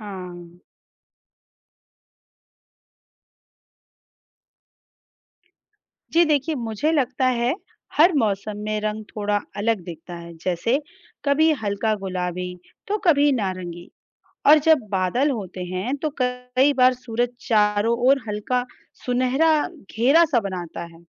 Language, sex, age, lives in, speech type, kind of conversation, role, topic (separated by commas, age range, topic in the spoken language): Hindi, female, 35-39, India, read, expert-assistant, asker, सूरज डूबने के बाद आसमान लाल क्यों हो जाता है?